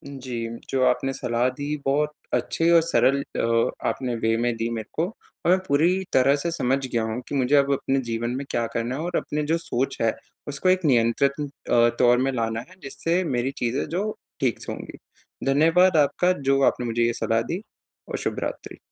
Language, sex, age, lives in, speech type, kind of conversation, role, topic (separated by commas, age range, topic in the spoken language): Hindi, male, 20-24, India, conversation, advice, user, मैं अलग-अलग लोगों के साथ सहज और सच्चे संबंध कैसे बना सकता/सकती हूँ?